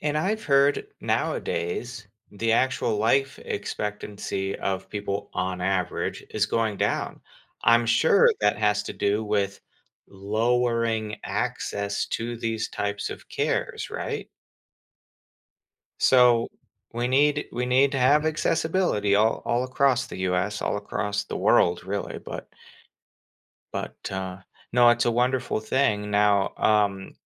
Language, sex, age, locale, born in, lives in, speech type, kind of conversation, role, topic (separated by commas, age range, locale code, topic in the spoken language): English, male, 30-34, en-US, United States, United States, conversation, podcast, host, How is technology changing the way we manage our health and well-being?